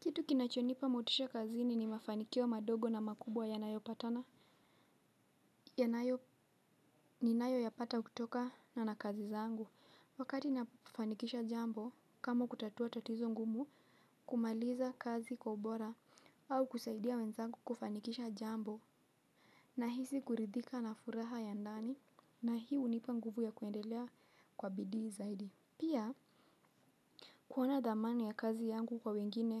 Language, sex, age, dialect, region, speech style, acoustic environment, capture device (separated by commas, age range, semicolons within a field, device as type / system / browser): Swahili, female, 18-24, Kenya - Mombasa, Kenya, relaxed, silence; nature, mobile / Linux / Chrome